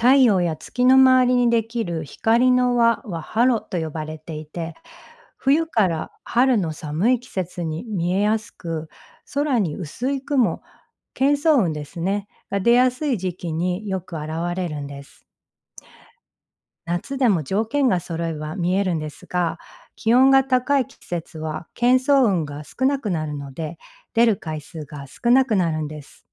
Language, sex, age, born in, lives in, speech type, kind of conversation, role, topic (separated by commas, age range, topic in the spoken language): Japanese, female, 45-49, Japan, United States, read, expert-assistant, expert, どうして虹はできるのか